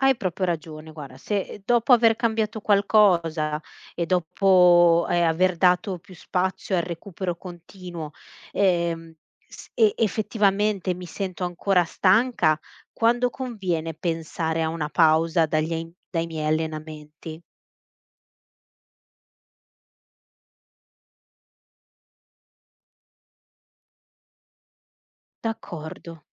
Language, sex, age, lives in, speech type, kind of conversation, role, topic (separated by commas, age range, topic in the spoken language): Italian, female, 35-39, Italy, read, expert-assistant, asker, Come posso capire se sto davvero migliorando nella mia routine di fitness?